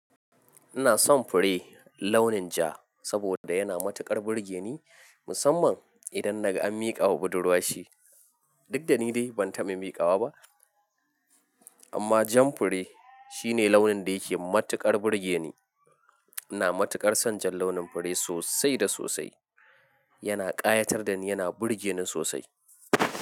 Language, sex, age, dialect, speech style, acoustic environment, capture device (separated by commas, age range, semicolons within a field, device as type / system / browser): Hausa, male, 25-34, Nigeria - Nigerian Standard, happy, people talking, mobile / Linux / Chrome